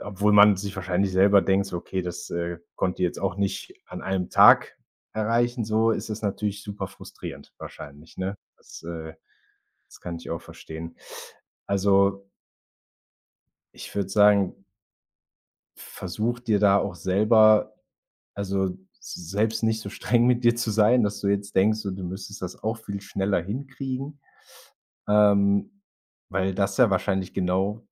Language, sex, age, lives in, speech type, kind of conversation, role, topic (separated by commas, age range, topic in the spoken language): German, male, 25-29, Germany, conversation, advice, advisor, Wie erlebst du, dass der Vergleich mit anderen deinen kreativen Fluss blockiert?